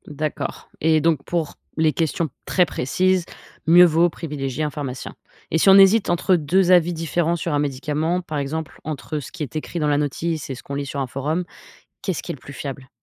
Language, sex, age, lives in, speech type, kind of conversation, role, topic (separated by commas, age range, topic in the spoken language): French, female, 25-29, United States, read, expert-assistant, asker, Comment reconnaître une information médicale fiable sur Internet ?